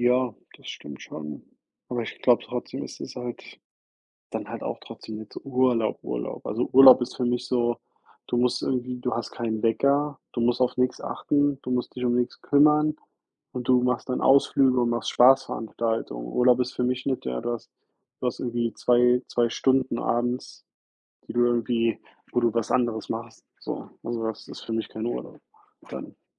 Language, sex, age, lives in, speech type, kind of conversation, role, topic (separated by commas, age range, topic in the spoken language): German, male, 30-34, United States, conversation, unstructured, none, Wie bereitest du dich auf einen längeren Urlaub vor?